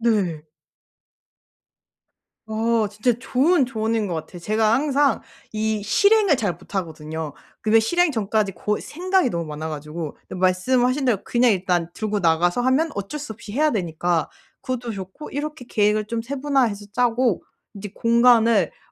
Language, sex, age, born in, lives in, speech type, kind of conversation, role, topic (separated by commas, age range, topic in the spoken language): Korean, female, 25-29, South Korea, Germany, conversation, advice, user, 동기부족으로 작업을 자꾸 미루는 습관을 어떻게 바꿀 수 있을까요?